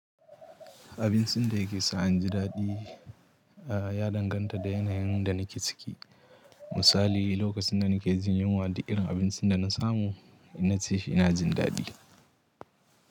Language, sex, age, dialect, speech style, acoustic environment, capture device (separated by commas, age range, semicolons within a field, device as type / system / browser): Hausa, male, 18-24, Nigeria - Katsina, happy; anxious; nervous; excited; tired; relaxed; stressed, people talking; music; nature; silence; traffic; construction; appliances, mobile / Linux / Chrome